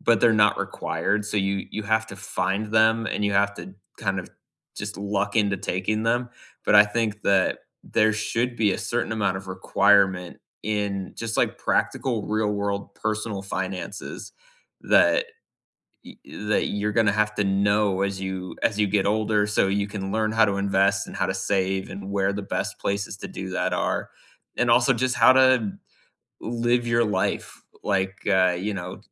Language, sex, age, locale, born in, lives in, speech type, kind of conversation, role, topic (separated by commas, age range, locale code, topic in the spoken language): English, male, 35-39, en-US, United States, United States, conversation, unstructured, none, If your school could add any new class today, what would you choose and why?